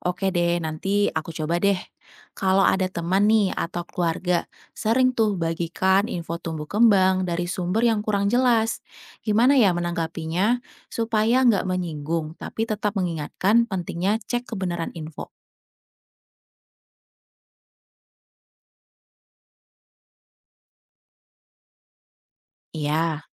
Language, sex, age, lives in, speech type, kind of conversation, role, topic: Indonesian, female, 30-34, Indonesia, read, expert-assistant, asker, Bagaimana cara menemukan informasi yang benar tentang tumbuh kembang anak tanpa terjebak hoaks di internet?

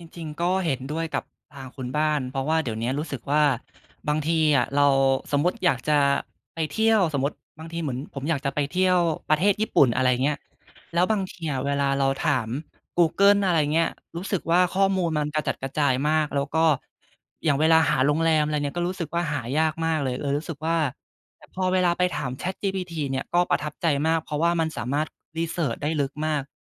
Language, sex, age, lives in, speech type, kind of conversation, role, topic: Thai, male, 20-24, Thailand, conversation, unstructured, none, เทคโนโลยีเปลี่ยนวิถีชีวิตของคุณไปอย่างไรบ้าง?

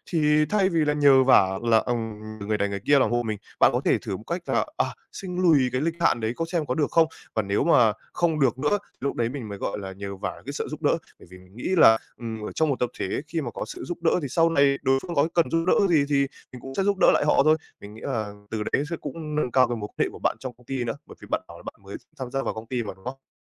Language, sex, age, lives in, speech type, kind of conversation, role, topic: Vietnamese, male, 18-19, Vietnam, conversation, advice, advisor, Tôi nên ưu tiên công việc như thế nào khi có nhiều nhiệm vụ cùng lúc?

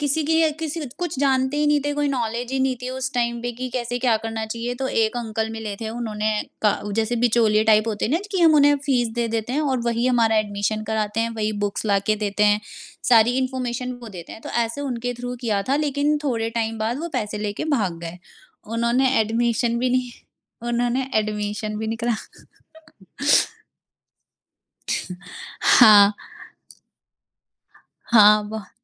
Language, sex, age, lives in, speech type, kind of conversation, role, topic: Hindi, female, 25-29, India, conversation, podcast, guest, कौन सी ऐप ने आपकी ज़िंदगी सबसे ज़्यादा आसान बनाई है और क्यों?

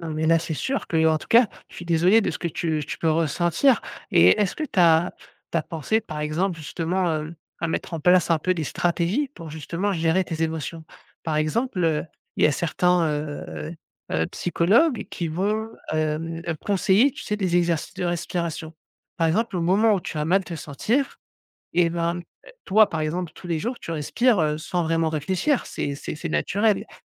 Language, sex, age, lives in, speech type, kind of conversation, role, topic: French, male, 35-39, France, conversation, advice, advisor, Pourquoi est-ce que je me sens obligé(e) de cacher mes émotions devant ma famille ou mes amis ?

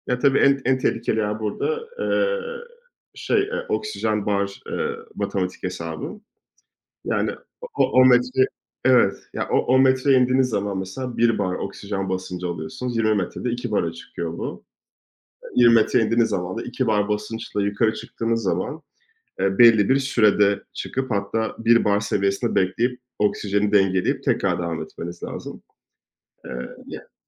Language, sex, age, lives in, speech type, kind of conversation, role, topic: Turkish, male, 30-34, France, conversation, unstructured, none, Hobilerinle ilgili hayatındaki en eğlenceli anını paylaşır mısın?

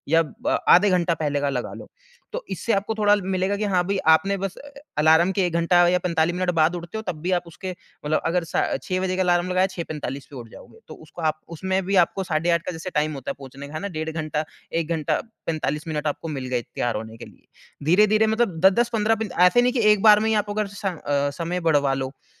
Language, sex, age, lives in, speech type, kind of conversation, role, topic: Hindi, male, 30-34, India, conversation, advice, advisor, मैं सुबह जल्दी उठने की आदत कैसे बना सकता/सकती हूँ?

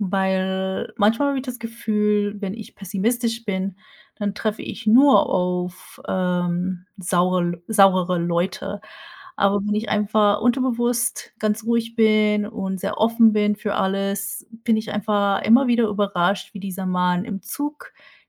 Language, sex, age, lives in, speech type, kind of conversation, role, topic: German, female, 30-34, United States, conversation, unstructured, none, Wie wichtig ist dir Freundlichkeit im Umgang mit anderen?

